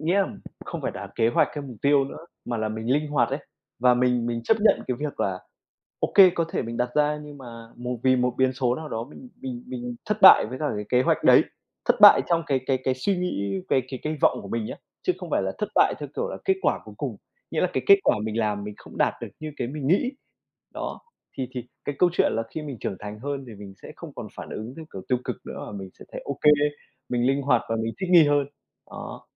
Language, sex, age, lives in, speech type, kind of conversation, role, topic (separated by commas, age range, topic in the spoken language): Vietnamese, male, 25-29, Vietnam, conversation, unstructured, none, Bạn nghĩ gì về việc đặt mục tiêu cá nhân?